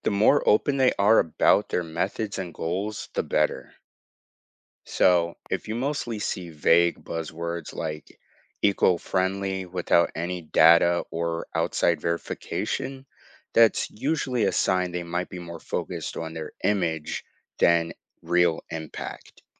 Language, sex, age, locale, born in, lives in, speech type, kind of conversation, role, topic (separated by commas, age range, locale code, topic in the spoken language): English, male, 18-19, en-US, United States, United States, read, expert-assistant, expert, When a company says its operations are ‘green’ or sustainable, how do you know if that’s true or just marketing?